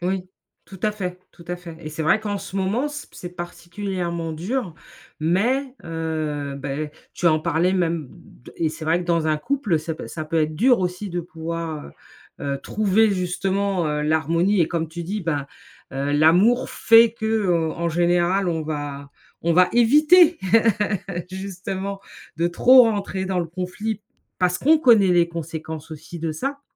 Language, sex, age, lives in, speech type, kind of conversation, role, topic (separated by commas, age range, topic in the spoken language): French, female, 45-49, United States, conversation, advice, advisor, Comment faites-vous pour éviter les conflits malgré des ressentis très forts ?